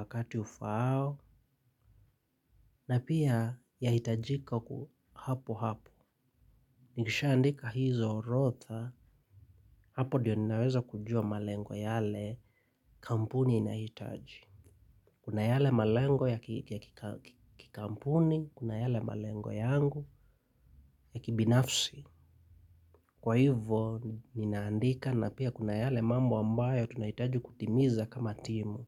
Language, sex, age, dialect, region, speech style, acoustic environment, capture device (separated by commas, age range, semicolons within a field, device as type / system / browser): Swahili, male, 25-34, Kenya - Nairobi Sheng-influenced, Kenya, happy, silence, mobile / Linux / Chrome